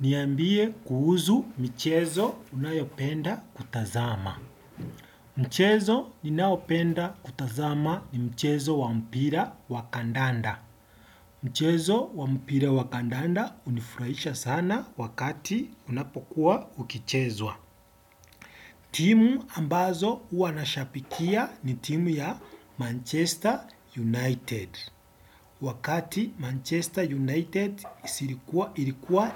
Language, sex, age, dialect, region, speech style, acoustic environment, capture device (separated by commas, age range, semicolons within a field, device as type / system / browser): Swahili, male, 25-34, Kenya - Nairobi Sheng-influenced, Kenya, happy, silence, desktop / Windows / Edge